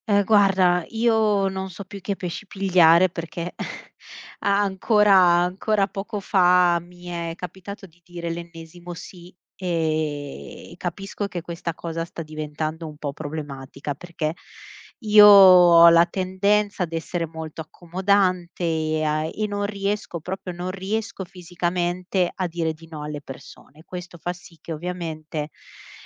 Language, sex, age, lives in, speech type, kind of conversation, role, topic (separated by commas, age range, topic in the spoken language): Italian, female, 35-39, Italy, conversation, advice, user, Come posso imparare a dire di no senza accumulare troppi impegni?